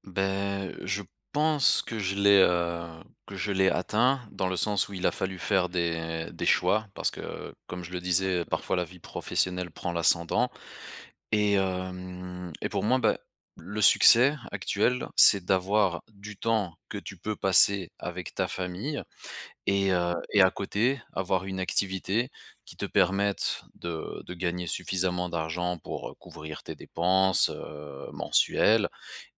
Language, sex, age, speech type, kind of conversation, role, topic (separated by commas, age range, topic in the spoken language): French, male, 35-39, conversation, podcast, guest, Comment définirais-tu le succès aujourd’hui, dans ton travail et dans ta vie personnelle ?